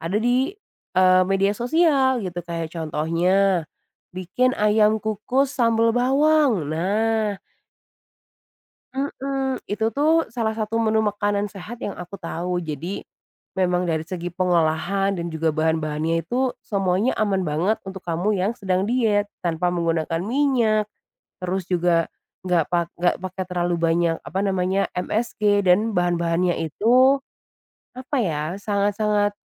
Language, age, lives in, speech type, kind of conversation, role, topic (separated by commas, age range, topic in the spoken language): Indonesian, 25-29, Indonesia, conversation, advice, advisor, Bagaimana cara mengatasi rasa bosan karena menu sehat terasa monoton?